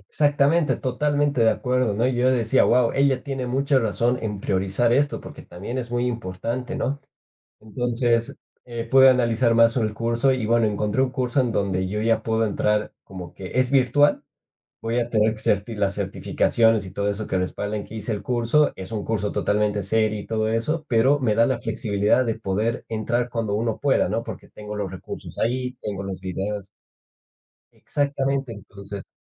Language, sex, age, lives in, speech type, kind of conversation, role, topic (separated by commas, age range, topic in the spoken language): Spanish, male, 25-29, Spain, conversation, podcast, guest, ¿Qué importancia le das a la opinión de los demás?